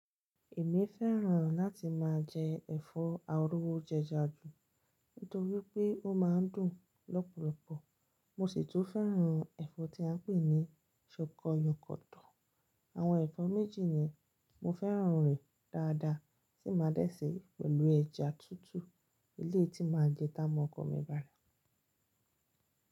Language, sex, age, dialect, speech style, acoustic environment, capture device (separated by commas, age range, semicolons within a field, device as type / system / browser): Yoruba, female, 25-34, Nigeria - Lagos Yoruba, relaxed, silence, mobile / Linux / Chrome